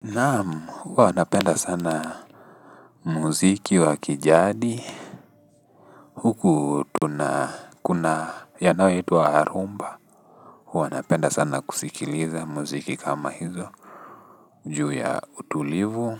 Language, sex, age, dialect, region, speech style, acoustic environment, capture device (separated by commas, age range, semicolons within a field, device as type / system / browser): Swahili, male, 18-24, Kenya - Nairobi Sheng-influenced, Kenya, relaxed, nature, desktop / Linux / Chrome